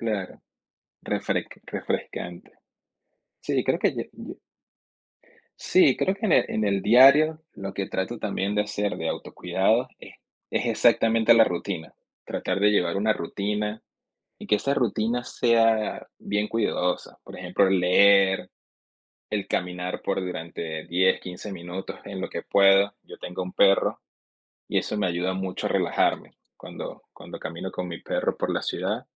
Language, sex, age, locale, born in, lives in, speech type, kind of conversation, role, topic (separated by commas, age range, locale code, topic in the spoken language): Spanish, male, 30-34, es-VE, Venezuela, United States, conversation, unstructured, none, ¿Cuál es tu forma favorita de desconectar después de un día largo?